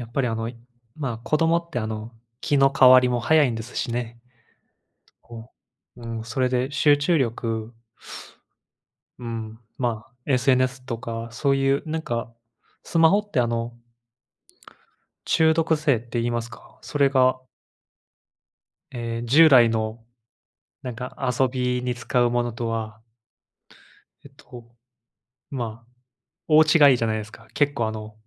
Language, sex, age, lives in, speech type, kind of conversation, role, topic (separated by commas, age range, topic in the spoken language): Japanese, male, 25-29, Japan, conversation, unstructured, none, SNSの使いすぎは社会にどのような影響を与えるのでしょうか？